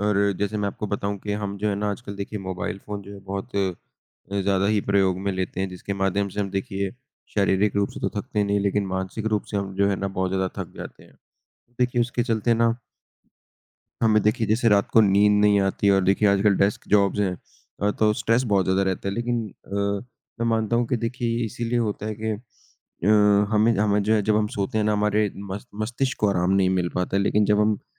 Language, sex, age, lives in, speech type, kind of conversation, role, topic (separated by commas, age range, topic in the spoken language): Hindi, male, 20-24, India, conversation, unstructured, none, आपके हिसाब से खेल किस तरह जीवन को बदल सकते हैं?